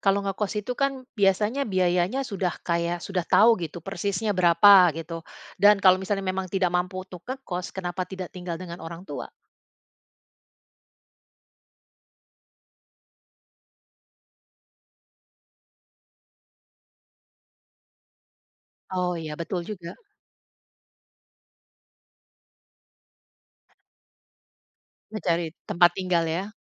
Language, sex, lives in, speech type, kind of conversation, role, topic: Indonesian, female, Netherlands, conversation, unstructured, none, Bagaimana kamu mengatur pengeluaran saat gaji pas-pasan?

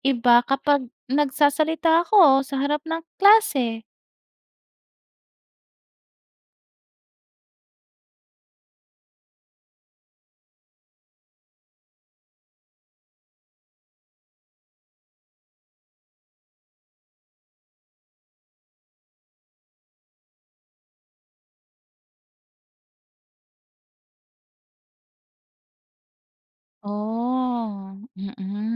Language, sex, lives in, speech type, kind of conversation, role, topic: Filipino, female, Philippines, read, expert-assistant, asker, Paano nakakaapekto ang pagiging mahiyain sa pakikisalamuha sa iba?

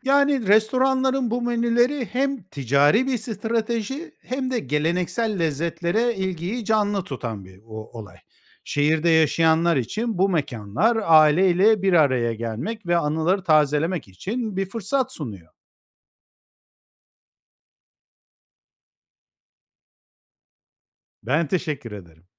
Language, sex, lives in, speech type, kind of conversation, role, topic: Turkish, male, Spain, read, expert-assistant, expert, Neden farklı kültürlerde kahvaltı alışkanlıkları bu kadar farklı gelişti?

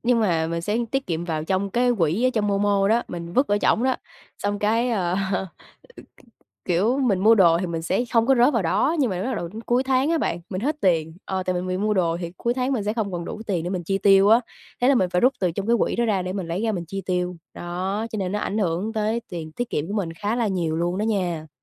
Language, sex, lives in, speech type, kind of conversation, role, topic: Vietnamese, female, Vietnam, conversation, advice, user, Bạn có đang nghiện mua sắm trực tuyến và chi tiêu không kiểm soát không?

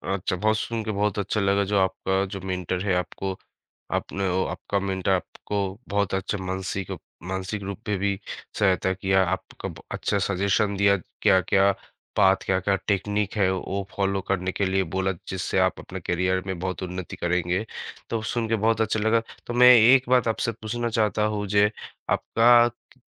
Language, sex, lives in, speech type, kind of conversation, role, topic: Hindi, male, India, conversation, podcast, host, आपके करियर में किसी मेंटर ने कैसे फर्क डाला?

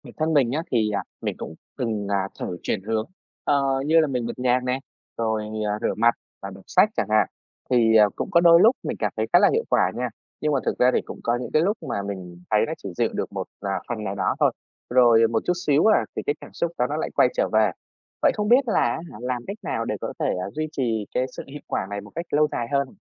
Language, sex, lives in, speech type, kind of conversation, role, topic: Vietnamese, female, Vietnam, read, expert-assistant, asker, Nếu muốn hiểu rõ hơn về cách não bộ ảnh hưởng đến cảm xúc hằng ngày, nên bắt đầu tìm hiểu từ nguồn nào?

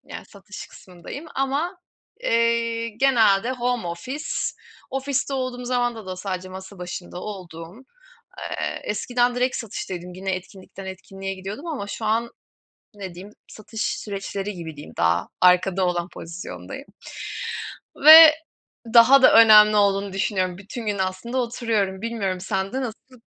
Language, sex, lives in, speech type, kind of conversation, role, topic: Turkish, female, Germany, conversation, unstructured, none, Sizce spor yapmanın en keyifli yanları nelerdir?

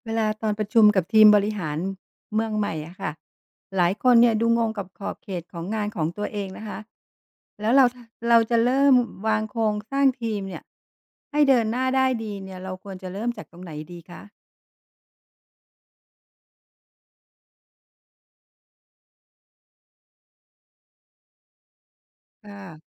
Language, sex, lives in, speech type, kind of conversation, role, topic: Thai, female, Thailand, read, expert-assistant, asker, ถ้าคุณต้องสร้างทีมบริหารเมืองเล็ก ๆ จะวางโครงสร้างหรือแบ่งหน้าที่กันอย่างไรให้ทุกอย่างเดินหน้าได้ราบรื่น?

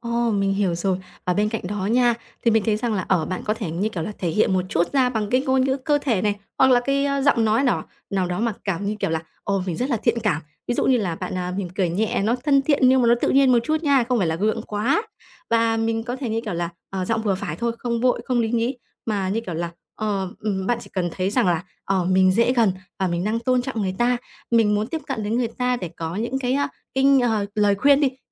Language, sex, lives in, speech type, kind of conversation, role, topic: Vietnamese, female, Vietnam, conversation, advice, advisor, Làm sao để bắt chuyện và kết nối với người lạ một cách tự nhiên?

